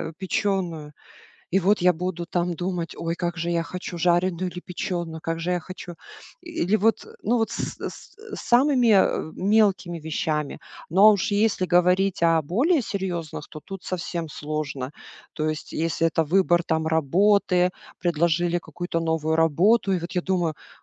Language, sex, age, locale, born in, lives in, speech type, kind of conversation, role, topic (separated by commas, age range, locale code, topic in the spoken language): Russian, female, 40-44, ru-RU, Russia, United States, conversation, advice, user, Почему я постоянно беспокоюсь, когда выбираю между вариантами, и боюсь упустить что-то важное?